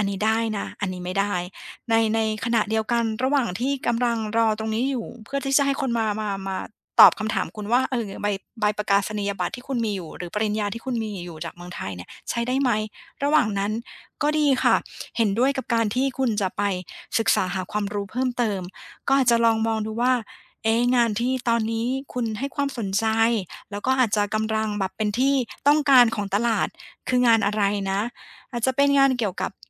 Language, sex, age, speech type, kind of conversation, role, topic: Thai, female, 40-44, conversation, advice, advisor, ฉันจะค้นหาเป้าหมายและความหมายในอาชีพได้อย่างไร หากงานปัจจุบันไม่ตอบโจทย์?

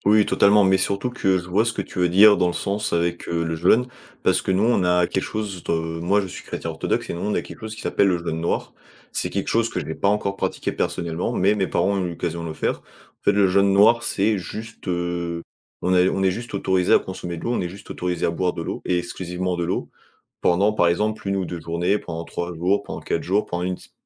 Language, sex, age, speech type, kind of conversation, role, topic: French, male, 20-24, conversation, podcast, guest, Comment fais-tu pour gérer les différents régimes alimentaires ?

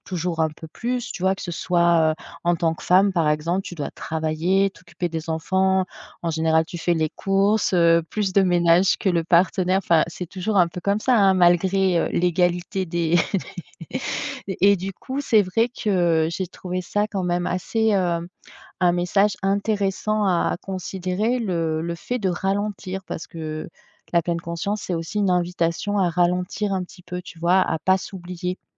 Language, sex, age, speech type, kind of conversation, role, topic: French, female, 45-49, conversation, podcast, guest, Comment intégrer la pleine conscience dans une journée chargée ?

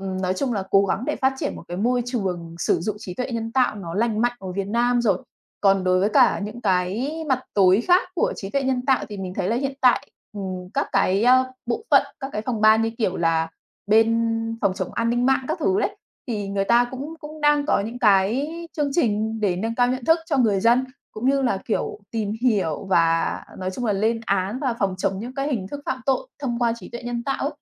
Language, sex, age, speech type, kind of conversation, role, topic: Vietnamese, female, 30-34, conversation, podcast, guest, Bạn nghĩ trí tuệ nhân tạo sẽ tác động đến công việc của chúng ta như thế nào?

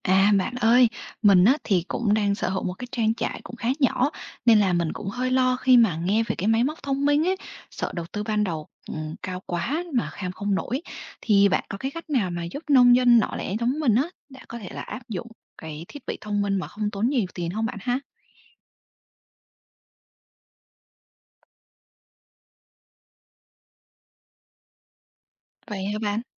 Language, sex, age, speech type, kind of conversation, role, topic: Vietnamese, female, 25-29, read, expert-assistant, asker, Làm thế nào để nông dân nhỏ lẻ có thể áp dụng các thiết bị nông nghiệp thông minh mà không tốn quá nhiều chi phí ban đầu?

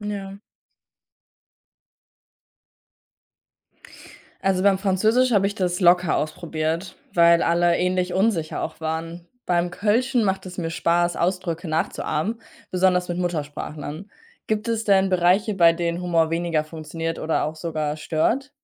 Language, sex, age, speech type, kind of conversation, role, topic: German, female, 20-24, read, expert-assistant, asker, Wie könnten wir es leichter machen, dass Menschen sich trauen, ihren Dialekt auch außerhalb der Heimat zu sprechen?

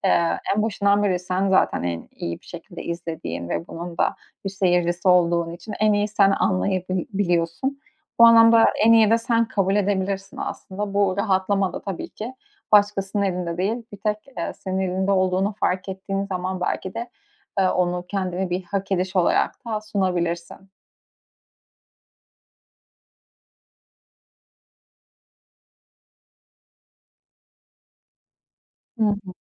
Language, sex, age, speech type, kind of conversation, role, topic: Turkish, female, 25-29, conversation, advice, advisor, Evde film izlerken veya müzik dinlerken nasıl daha iyi rahatlayabilirim?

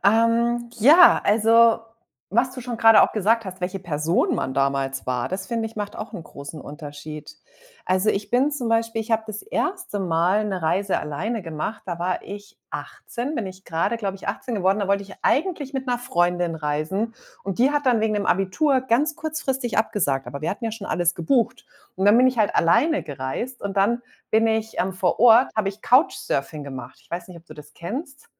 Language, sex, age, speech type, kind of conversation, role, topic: German, female, 40-44, conversation, unstructured, none, Was war deine spannendste Reiseerfahrung?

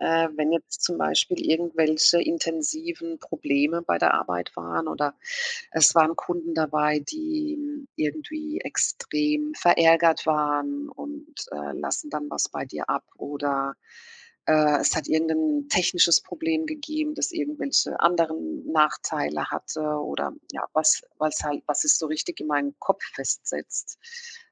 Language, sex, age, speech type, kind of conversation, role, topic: German, female, 50-54, conversation, podcast, guest, Wie entspannst du dich nach einem anstrengenden Tag?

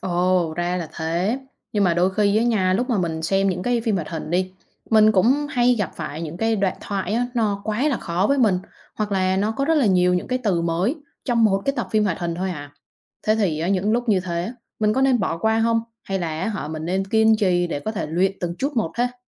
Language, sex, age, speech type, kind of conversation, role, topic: Vietnamese, female, 25-29, read, expert-assistant, asker, Ảnh hưởng của việc xem phim hoạt hình đến khả năng học ngoại ngữ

